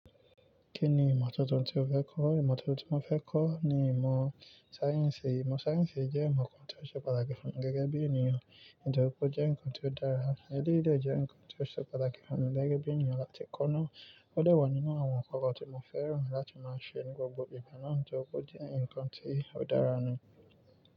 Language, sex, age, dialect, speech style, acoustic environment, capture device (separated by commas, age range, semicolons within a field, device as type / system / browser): Yoruba, male, 18-24, Nigeria - Nigerian Standard, excited, nature, mobile / Linux / Chrome